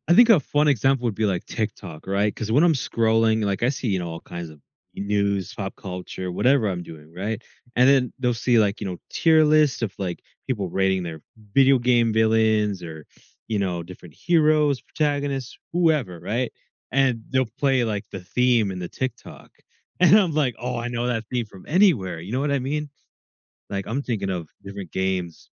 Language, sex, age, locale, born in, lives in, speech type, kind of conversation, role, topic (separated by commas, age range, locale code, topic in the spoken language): English, male, 20-24, en-US, United States, United States, conversation, podcast, guest, How does music affect mood?